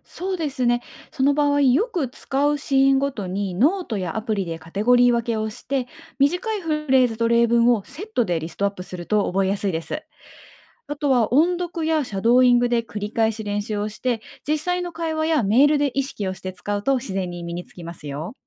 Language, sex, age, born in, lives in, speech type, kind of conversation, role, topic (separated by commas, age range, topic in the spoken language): Japanese, female, 30-34, Japan, Japan, read, expert-assistant, expert, 異なる言語を学ぶとき、共通点や違いを見つけることで上達が早くなる方法はありますか？